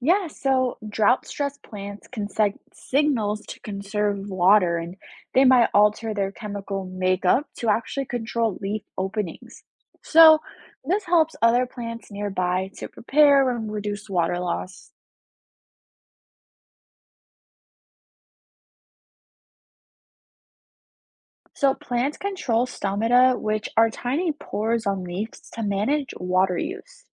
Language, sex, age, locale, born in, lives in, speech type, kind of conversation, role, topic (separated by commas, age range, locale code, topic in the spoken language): English, female, 20-24, en-US, United States, United States, read, expert-assistant, expert, How do plants communicate with each other?